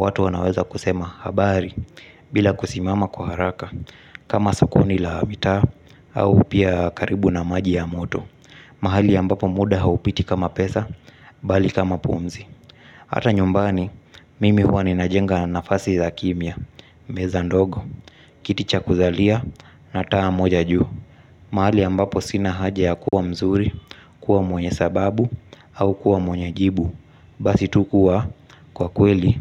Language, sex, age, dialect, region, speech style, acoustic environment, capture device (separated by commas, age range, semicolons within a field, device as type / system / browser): Swahili, male, 25-34, Kenya - Mombasa, Kenya, relaxed, appliances, mobile / Linux / Chrome